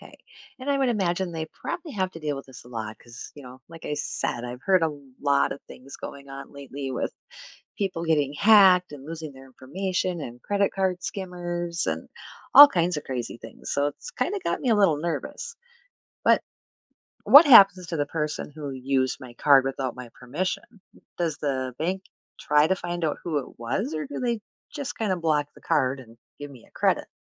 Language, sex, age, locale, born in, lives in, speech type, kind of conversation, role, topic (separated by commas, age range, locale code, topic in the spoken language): English, female, 45-49, en-US, United States, United States, read, expert-assistant, asker, How does a credit card transaction work?